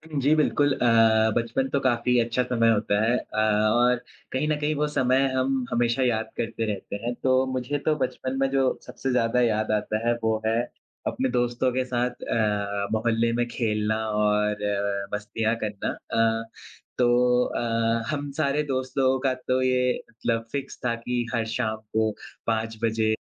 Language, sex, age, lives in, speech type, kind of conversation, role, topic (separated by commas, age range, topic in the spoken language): Hindi, male, 20-24, India, conversation, unstructured, none, आपका बचपन कैसा था?